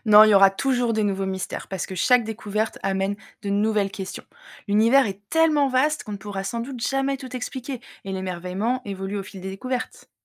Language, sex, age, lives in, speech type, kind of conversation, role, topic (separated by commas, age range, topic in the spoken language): French, female, 30-34, France, read, expert-assistant, expert, Chercher à comprendre l’univers, ça nous apporte quoi concrètement et est-ce qu’il y a des inconvénients à toujours vouloir en savoir plus ?